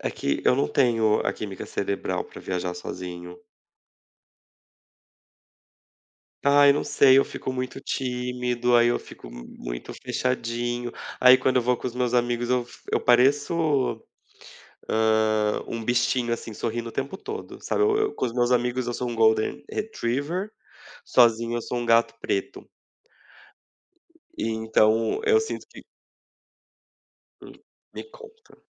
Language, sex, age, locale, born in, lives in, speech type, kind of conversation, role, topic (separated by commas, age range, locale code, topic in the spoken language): Portuguese, male, 30-34, pt-BR, Brazil, Portugal, conversation, unstructured, none, O que você acha que torna uma viagem inesquecível?